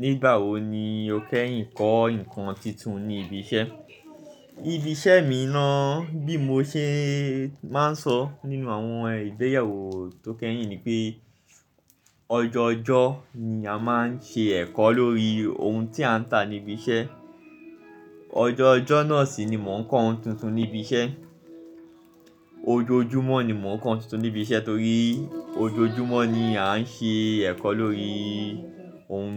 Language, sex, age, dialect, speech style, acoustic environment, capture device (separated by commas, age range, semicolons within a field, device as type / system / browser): Yoruba, male, 25-34, Nigeria - Lagos Yoruba, focused, music, mobile / Linux / Chrome